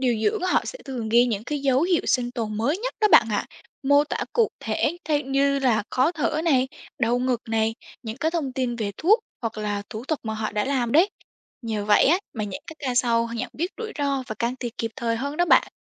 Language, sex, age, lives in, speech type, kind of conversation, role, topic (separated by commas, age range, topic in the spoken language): Vietnamese, female, 18-19, Vietnam, read, expert-assistant, expert, Khi chăm sóc nhiều bệnh nhân cùng lúc, điều dưỡng có mẹo nào để không bỏ sót nhiệm vụ quan trọng không?